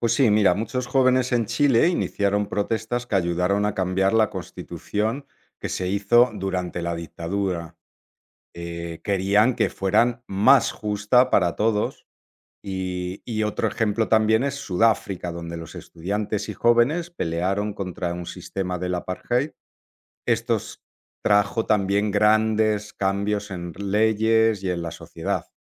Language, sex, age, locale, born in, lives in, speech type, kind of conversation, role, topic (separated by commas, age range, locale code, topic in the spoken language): Spanish, male, 45-49, es-ES, Spain, Italy, read, expert-assistant, expert, Cómo la historia ayuda a comprender el presente